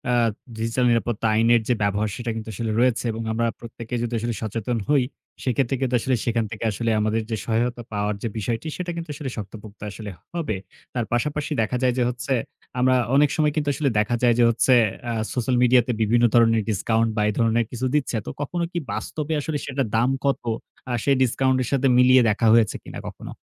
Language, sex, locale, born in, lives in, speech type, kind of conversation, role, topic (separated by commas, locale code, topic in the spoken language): Bengali, male, bn-BD, Bangladesh, Bangladesh, conversation, podcast, host, অনলাইনে নিরাপদে কেনাকাটা কীভাবে করবেন?